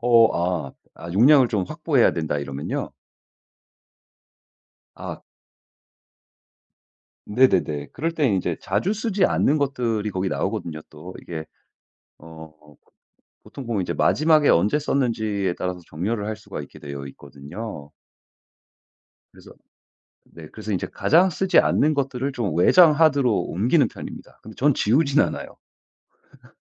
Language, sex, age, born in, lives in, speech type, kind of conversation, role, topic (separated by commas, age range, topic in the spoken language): Korean, male, 35-39, United States, United States, conversation, podcast, guest, 디지털 정리를 어디부터 시작하면 좋을까요?